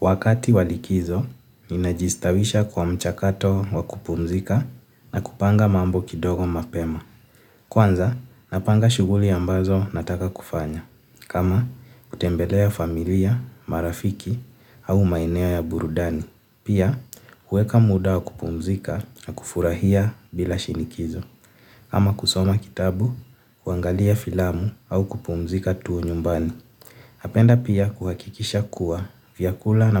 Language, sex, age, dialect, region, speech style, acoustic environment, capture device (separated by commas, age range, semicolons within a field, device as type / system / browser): Swahili, male, 18-24, Kenya - Nairobi Sheng-influenced, Kenya, happy, silence, mobile / Linux / Chrome